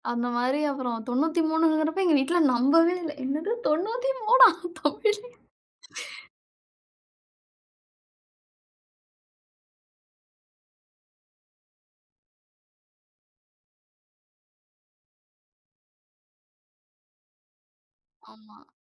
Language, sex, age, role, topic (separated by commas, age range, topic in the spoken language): Tamil, female, 35-39, guest, மொழி உங்கள் அடையாளத்தில் எவ்வளவு பாத்திரம் வகிக்கிறது?